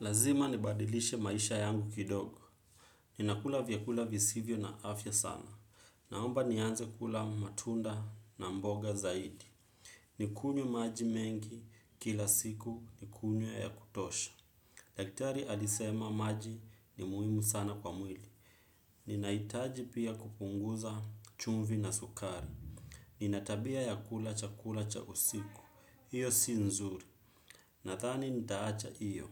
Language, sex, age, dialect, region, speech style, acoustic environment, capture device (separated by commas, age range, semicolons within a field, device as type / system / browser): Swahili, male, 25-34, Kenya - Nairobi Sheng-influenced, Kenya, focused, people talking, desktop / Windows / Chrome